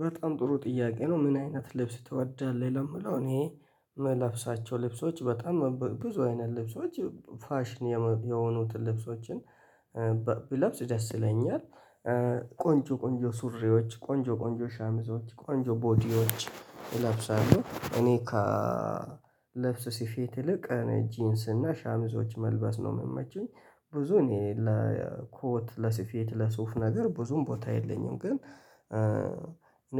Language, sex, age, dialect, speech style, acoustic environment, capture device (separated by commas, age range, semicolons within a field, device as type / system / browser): Amharic, male, 25-34, Ethiopia - Addis Ababa, happy; excited; relaxed, people talking; nature, mobile / Linux / Chrome